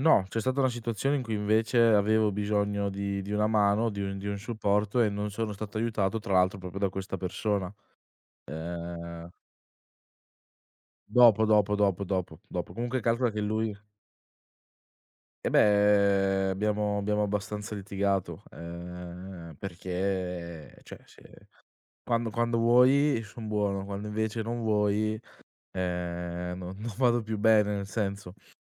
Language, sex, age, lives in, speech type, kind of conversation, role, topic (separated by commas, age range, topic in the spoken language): Italian, male, 20-24, Italy, conversation, podcast, guest, Quali piccoli gesti aiutano a sentirsi più connessi agli altri?